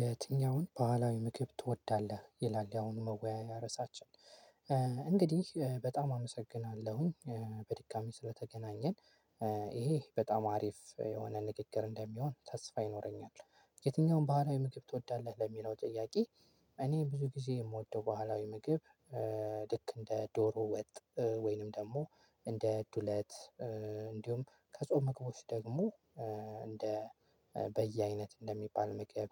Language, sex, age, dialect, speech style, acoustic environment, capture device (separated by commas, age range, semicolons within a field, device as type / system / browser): Amharic, male, 18-24, Ethiopia - Gojjam, excited, silence, mobile / Linux / Chrome